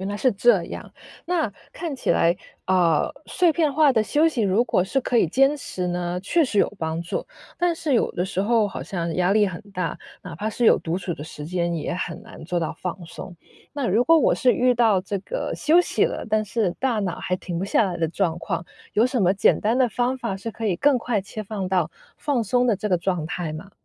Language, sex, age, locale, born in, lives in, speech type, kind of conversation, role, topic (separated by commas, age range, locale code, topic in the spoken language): Chinese, female, 30-34, zh-CN, China, United States, read, expert-assistant, asker, 在忙碌生活中，哪些身体反应最值得我优先注意？